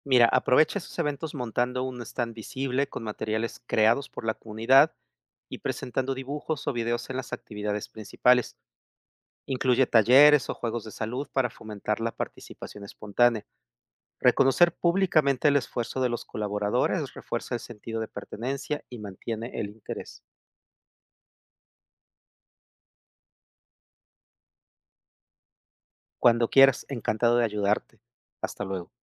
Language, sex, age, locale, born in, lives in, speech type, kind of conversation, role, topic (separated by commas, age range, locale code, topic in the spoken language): Spanish, male, 55-59, es-MX, Mexico, Mexico, read, expert-assistant, expert, ¿Cómo puedo organizar una campaña comunitaria para promover la vacunación en mi barrio?